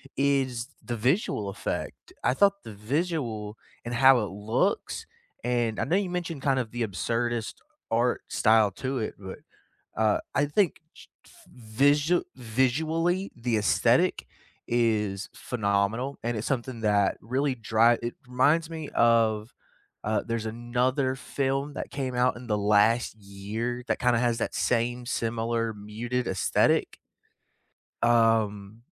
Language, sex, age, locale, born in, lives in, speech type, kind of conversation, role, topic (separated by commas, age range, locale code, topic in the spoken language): English, male, 25-29, en-US, United States, United States, conversation, unstructured, none, Which hidden gem films would you recommend to everyone?